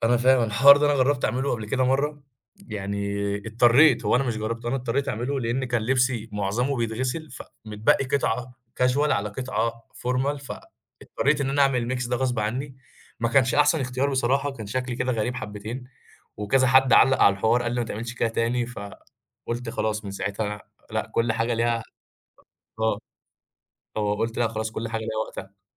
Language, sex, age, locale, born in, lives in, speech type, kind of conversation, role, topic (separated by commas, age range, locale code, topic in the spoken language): Arabic, male, 20-24, ar-EG, Egypt, Egypt, conversation, podcast, guest, إزاي بتوازن بين الراحة والشياكة في لبسك؟